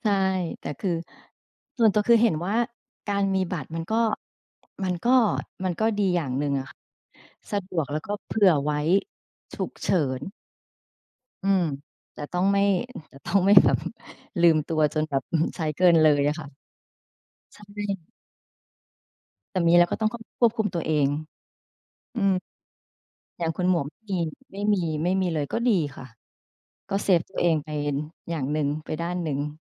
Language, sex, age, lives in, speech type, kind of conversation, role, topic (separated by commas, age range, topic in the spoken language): Thai, female, 45-49, Thailand, conversation, unstructured, none, คุณคิดอย่างไรกับการใช้เงินสดเมื่อเทียบกับการใช้บัตรเครดิต?